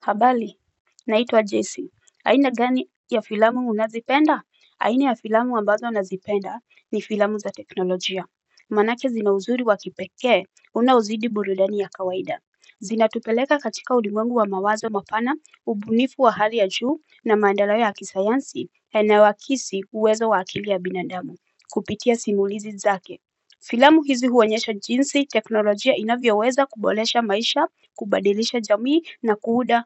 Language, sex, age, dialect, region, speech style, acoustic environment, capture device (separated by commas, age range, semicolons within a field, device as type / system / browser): Swahili, female, 35-44, Kenya - Nairobi Sheng-influenced, Kenya, happy, silence, mobile / Linux / Chrome